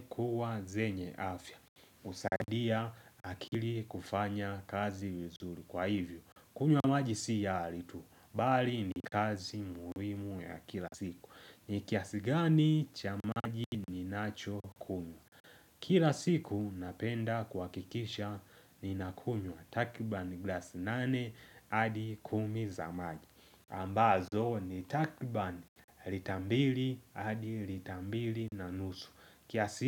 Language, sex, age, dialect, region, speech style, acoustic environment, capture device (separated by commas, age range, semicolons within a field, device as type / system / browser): Swahili, male, 18-24, Tanzania - Dar es Salaam, Tanzania, excited; focused; happy, nature, mobile / Linux / Chrome